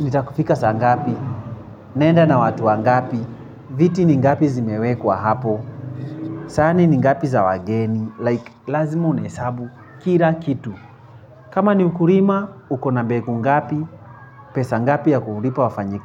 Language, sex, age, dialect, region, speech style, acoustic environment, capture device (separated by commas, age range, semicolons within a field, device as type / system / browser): Swahili, male, 25-34, Kenya - Nairobi Sheng-influenced, Kenya, happy; excited, people talking; traffic; nature, mobile / Linux / Chrome